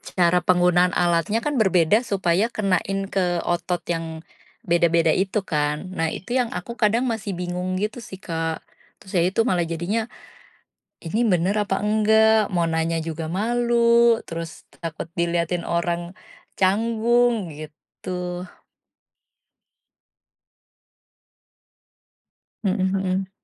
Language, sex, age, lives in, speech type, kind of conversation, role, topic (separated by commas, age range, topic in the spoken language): Indonesian, female, 35-39, Indonesia, conversation, advice, user, Kenapa saya takut pergi ke pusat kebugaran karena malu atau merasa dinilai?